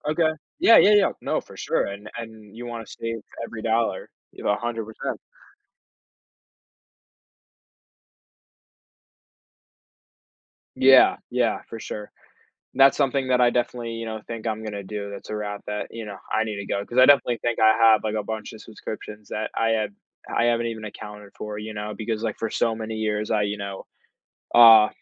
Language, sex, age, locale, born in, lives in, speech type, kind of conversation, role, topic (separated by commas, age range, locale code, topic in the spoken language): English, male, 18-19, en-US, United States, United States, conversation, advice, user, How can I manage financial stress and regain control of my money?